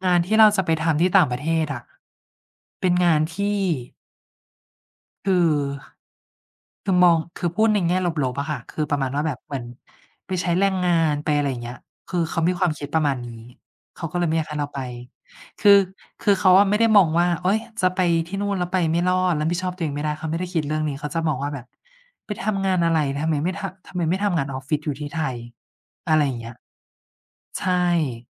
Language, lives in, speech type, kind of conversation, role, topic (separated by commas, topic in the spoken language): Thai, Thailand, conversation, unstructured, none, คุณจะทำอย่างไรถ้าคนรอบตัวไม่สนับสนุนเป้าหมายของคุณ?